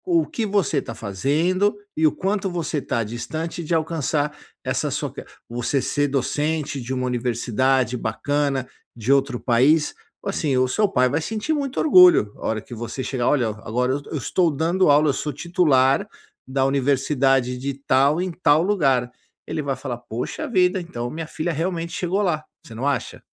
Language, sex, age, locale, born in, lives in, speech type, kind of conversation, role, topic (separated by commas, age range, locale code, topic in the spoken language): Portuguese, male, 50-54, pt-BR, Brazil, United States, conversation, advice, advisor, Como posso medir meu sucesso sem me comparar aos outros?